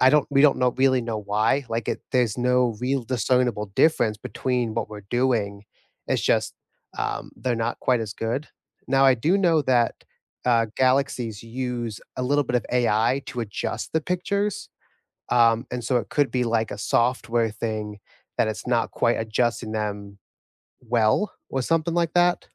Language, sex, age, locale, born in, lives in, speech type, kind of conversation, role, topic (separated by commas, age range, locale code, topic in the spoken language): English, male, 25-29, en-US, United States, United States, conversation, unstructured, none, What surprised you most when you switched between iOS and Android?